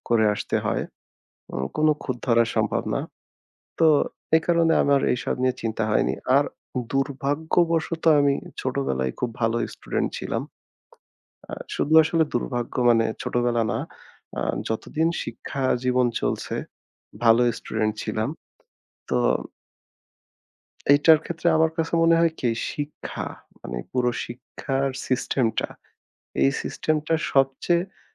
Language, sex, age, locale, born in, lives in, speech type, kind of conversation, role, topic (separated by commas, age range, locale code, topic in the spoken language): Bengali, male, 25-29, bn-BD, Bangladesh, Bangladesh, conversation, unstructured, none, আপনার কাছে শিক্ষার সবচেয়ে আনন্দদায়ক দিক কী?